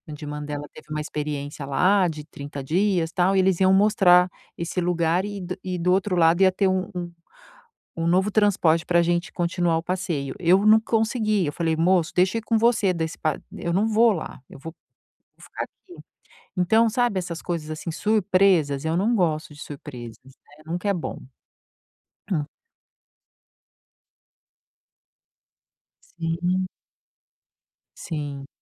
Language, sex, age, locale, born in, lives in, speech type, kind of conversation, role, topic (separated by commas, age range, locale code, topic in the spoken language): Portuguese, female, 50-54, pt-BR, Brazil, United States, conversation, advice, user, Como posso controlar a ansiedade ao viajar para um lugar novo?